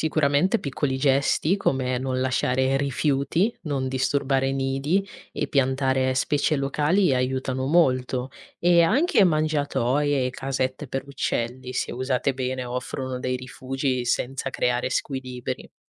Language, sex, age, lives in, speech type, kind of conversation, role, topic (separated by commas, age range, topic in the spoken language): Italian, female, 20-24, Italy, read, expert-assistant, expert, Cosa potremmo imparare osservando come certi animali si adattano alle città?